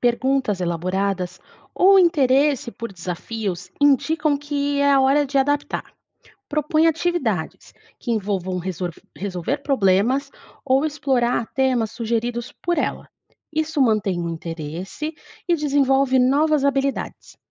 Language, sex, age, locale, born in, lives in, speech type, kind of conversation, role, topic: Portuguese, female, 30-34, pt-BR, Brazil, Portugal, read, expert-assistant, expert, Quando se fala em ajudar uma criança a crescer bem, o que deveria vir primeiro: ensinar regras, dar carinho ou estimular a curiosidade?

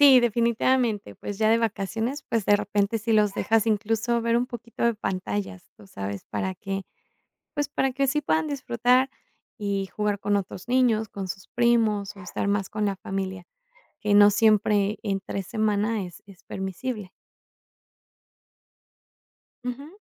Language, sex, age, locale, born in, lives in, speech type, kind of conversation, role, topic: Spanish, female, 35-39, es-MX, Mexico, Mexico, conversation, podcast, guest, ¿Cuál es tu ritual para desconectar por la noche?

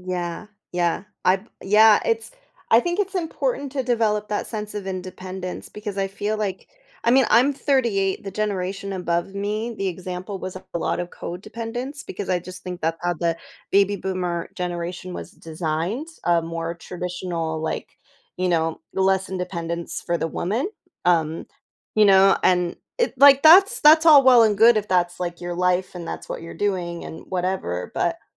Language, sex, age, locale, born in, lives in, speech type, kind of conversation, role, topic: English, female, 35-39, en-US, United States, United States, conversation, podcast, host, Why do certain songs have such a strong emotional impact on us?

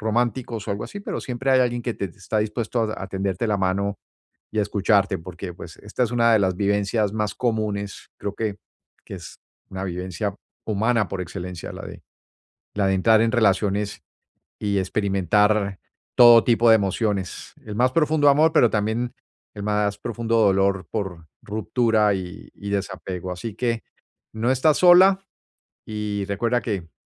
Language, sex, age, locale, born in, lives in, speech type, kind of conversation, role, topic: Spanish, male, 55-59, es-US, Colombia, United States, conversation, advice, advisor, ¿Cómo puedo manejar la soledad y cuidar mi bienestar emocional después de mudarme?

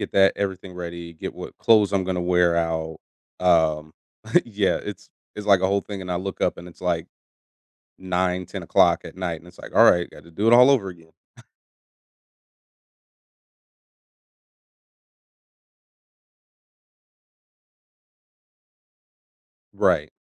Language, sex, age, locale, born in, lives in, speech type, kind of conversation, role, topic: English, male, 30-34, en-US, United States, United States, conversation, advice, user, How can I better balance work and personal life without feeling overwhelmed?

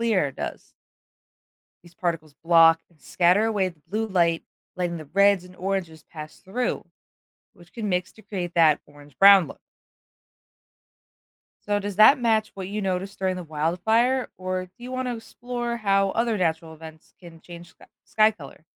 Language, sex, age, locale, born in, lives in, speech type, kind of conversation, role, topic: English, female, 25-29, en-US, United States, United States, read, expert-assistant, expert, What makes the sky look blue during the day?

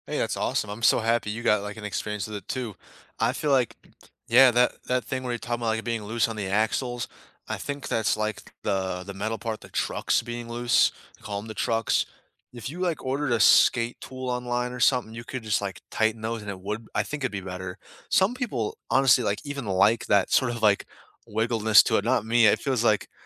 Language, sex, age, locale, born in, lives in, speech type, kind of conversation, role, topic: English, male, 20-24, en-US, United States, United States, conversation, unstructured, none, What new skill, hobby, or interest are you exploring purely for your own joy right now?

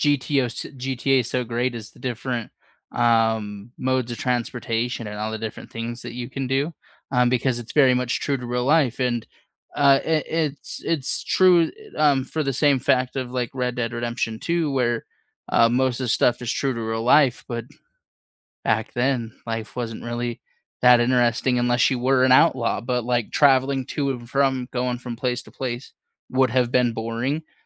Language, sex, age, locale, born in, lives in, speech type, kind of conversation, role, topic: English, male, 25-29, en-US, United States, United States, conversation, unstructured, none, Which video game has moved you the most emotionally, and what moments, characters, or memories made it meaningful to you?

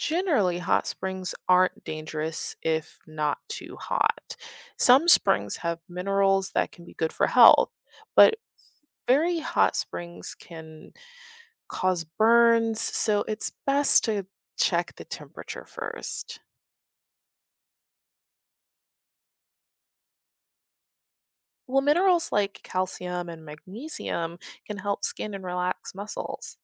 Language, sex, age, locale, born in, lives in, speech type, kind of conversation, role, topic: English, female, 25-29, en-US, United States, United States, read, expert-assistant, expert, How does a hot spring get naturally warm?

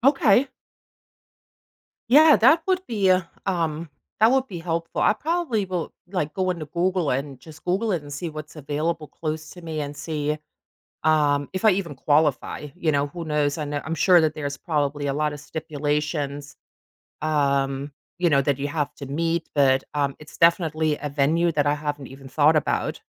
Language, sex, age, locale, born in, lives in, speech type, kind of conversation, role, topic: English, female, 45-49, en-DE, Germany, United States, conversation, advice, user, How can I stabilize my finances and cover essential bills after losing income?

